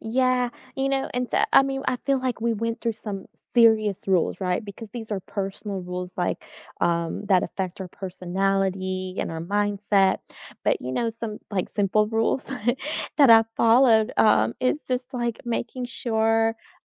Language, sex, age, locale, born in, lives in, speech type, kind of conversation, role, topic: English, female, 35-39, en-US, United States, United States, conversation, unstructured, none, What personal rule guides your everyday choices, and where did it come from?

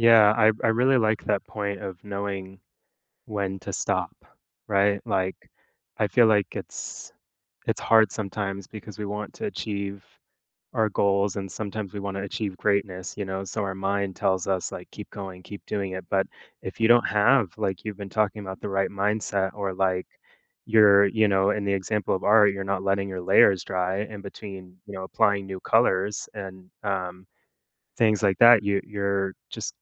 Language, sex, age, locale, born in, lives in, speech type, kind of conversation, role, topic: English, male, 30-34, en-US, United States, United States, conversation, podcast, host, What helps you keep going when progress is slower than you hoped?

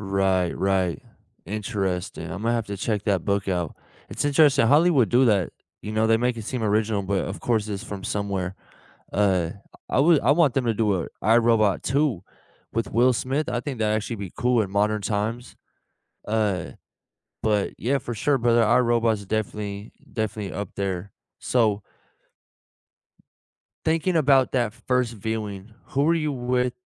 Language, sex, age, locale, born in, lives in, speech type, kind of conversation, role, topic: English, male, 25-29, en-US, United States, United States, conversation, unstructured, none, What was the first movie that made you fall in love with cinema, and what memories does it bring back?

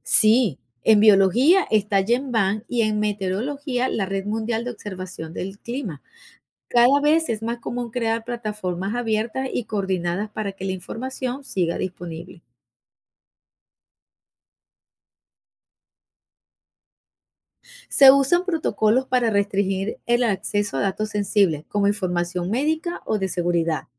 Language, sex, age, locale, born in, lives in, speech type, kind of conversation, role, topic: Spanish, female, 55-59, es-VE, Venezuela, United States, read, expert-assistant, expert, ¿Por qué el universo sigue expandiéndose?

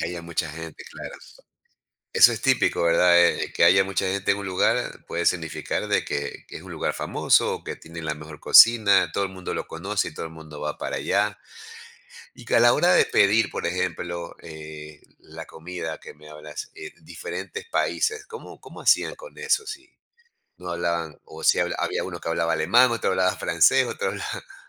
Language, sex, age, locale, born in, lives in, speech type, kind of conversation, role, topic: Spanish, male, 55-59, es-EC, Ecuador, United States, conversation, podcast, host, ¿Qué viaje te marcó de por vida y por qué?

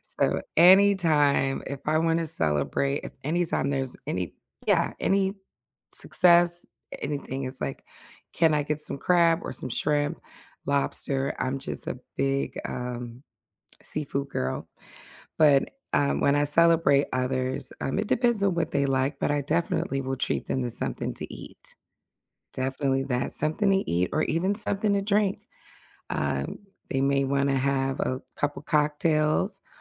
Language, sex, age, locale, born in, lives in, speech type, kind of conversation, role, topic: English, female, 45-49, en-US, United States, United States, conversation, unstructured, none, How do you celebrate other people's wins, big or small, in everyday life?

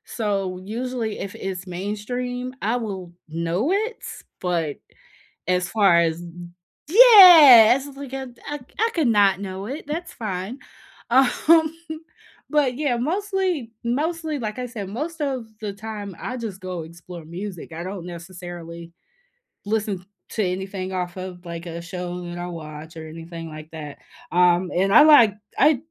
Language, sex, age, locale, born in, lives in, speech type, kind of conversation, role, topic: English, female, 35-39, en-US, United States, United States, conversation, unstructured, none, Which movie, game, or TV soundtracks do you loop for focus or relaxation, and why?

